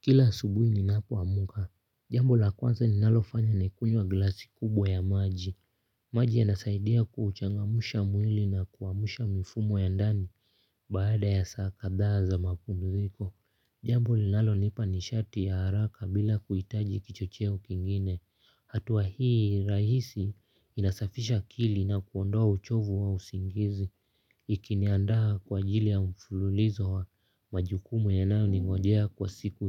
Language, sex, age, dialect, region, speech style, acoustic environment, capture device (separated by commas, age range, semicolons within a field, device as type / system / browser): Swahili, male, 18-24, Kenya - Nairobi Sheng-influenced, Kenya, relaxed; focused, silence, mobile / Linux / Chrome